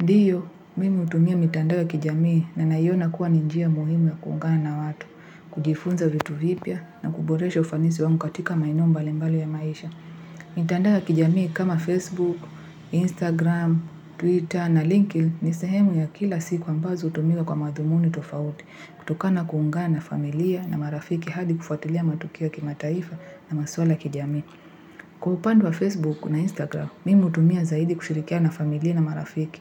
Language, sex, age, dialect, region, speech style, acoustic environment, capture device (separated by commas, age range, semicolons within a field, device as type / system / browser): Swahili, female, 18-24, Kenya - Nairobi Sheng-influenced, Kenya, happy, people talking; music; nature; silence; traffic, mobile / Linux / Chrome